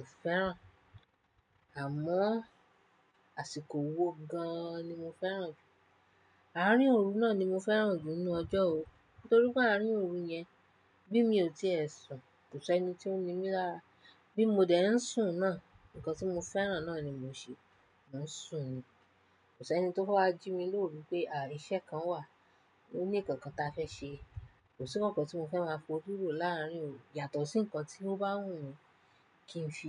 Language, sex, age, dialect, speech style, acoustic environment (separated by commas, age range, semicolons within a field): Yoruba, female, 25-34, Nigeria - Nigerian Standard, happy; relaxed; excited, silence